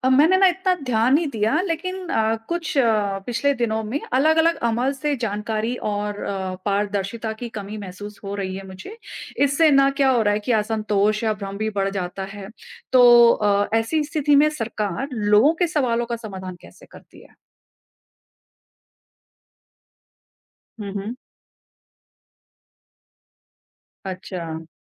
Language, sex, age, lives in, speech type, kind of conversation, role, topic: Hindi, female, 45-49, India, read, expert-assistant, asker, हम आम नागरिक के तौर पर सरकार की नीतियाँ बेहतर ढंग से समझना कैसे सीख सकते हैं?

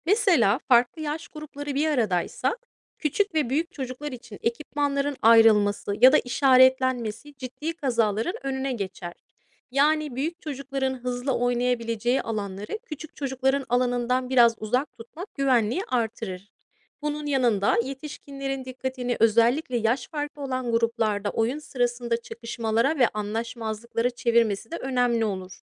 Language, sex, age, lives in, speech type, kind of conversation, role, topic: Turkish, female, 35-39, United States, read, expert-assistant, expert, Çocuklarda güvenli oyun alanları nasıl oluşturulur?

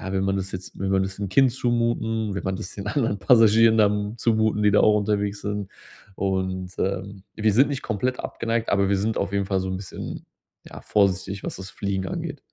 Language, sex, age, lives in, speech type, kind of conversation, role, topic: German, male, 30-34, Germany, conversation, advice, user, Wie plane ich eine stressfreie Reise trotz wenig Zeit und kleinem Budget?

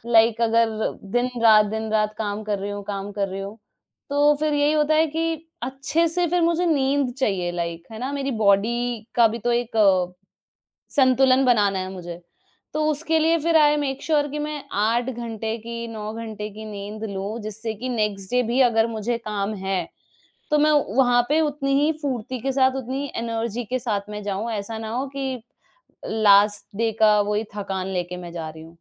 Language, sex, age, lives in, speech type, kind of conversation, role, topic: Hindi, female, 25-29, India, conversation, unstructured, none, आप काम और निजी जीवन में संतुलन कैसे बनाते हैं?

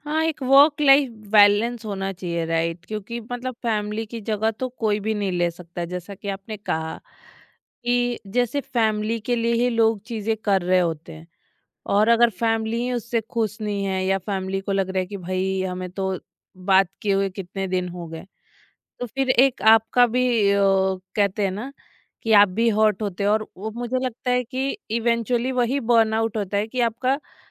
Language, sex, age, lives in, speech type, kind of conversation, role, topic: Hindi, female, 30-34, India, conversation, podcast, host, Notifications ko manage karne ke liye tumhare simple rules kya hain?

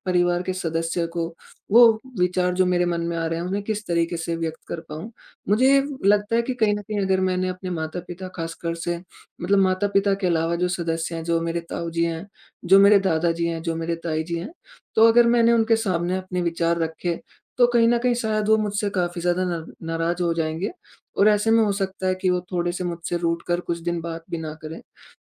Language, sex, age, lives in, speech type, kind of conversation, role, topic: Hindi, male, 20-24, India, conversation, advice, user, आप रिश्तों में अपनी सीमाएँ स्पष्ट करने में क्यों हिचकिचाते हैं?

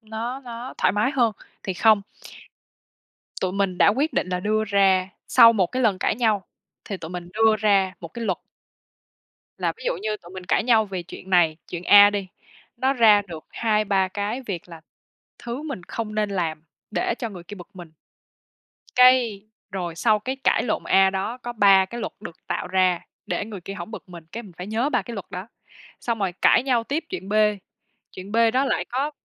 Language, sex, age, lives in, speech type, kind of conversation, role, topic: Vietnamese, female, 25-29, Vietnam, conversation, advice, user, Làm sao để chấm dứt những cuộc cãi vã lặp đi lặp lại và giải quyết vấn đề một cách dứt điểm?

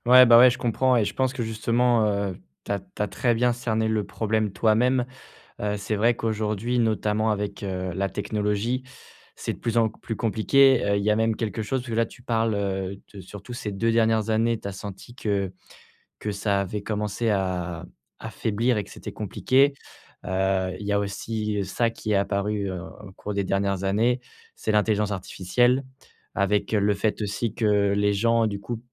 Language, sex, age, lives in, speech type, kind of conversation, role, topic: French, male, 25-29, France, conversation, advice, advisor, Comment reconstruire la confiance en moi après un échec temporaire, comme une rechute, sans me décourager ?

